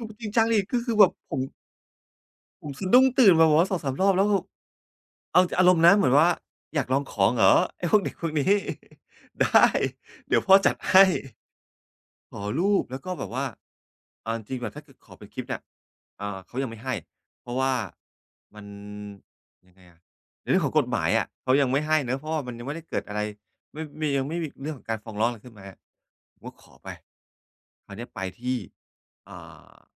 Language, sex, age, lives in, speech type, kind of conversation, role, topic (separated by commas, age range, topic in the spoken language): Thai, male, 45-49, Thailand, conversation, podcast, guest, คุณมีวิธีจัดการสิ่งรบกวนในบ้านอย่างไรบ้าง?